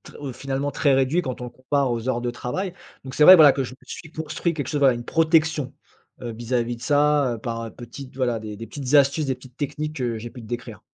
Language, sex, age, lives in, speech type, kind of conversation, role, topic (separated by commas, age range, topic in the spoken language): French, male, 35-39, France, conversation, podcast, guest, Comment organises-tu tes week-ends pour récupérer ?